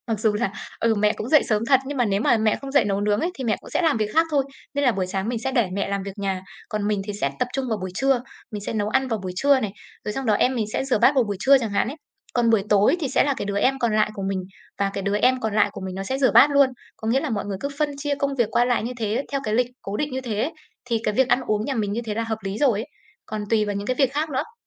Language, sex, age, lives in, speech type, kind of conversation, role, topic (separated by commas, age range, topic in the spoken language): Vietnamese, female, 25-29, Vietnam, conversation, podcast, guest, Bạn phân chia công việc nhà với gia đình thế nào?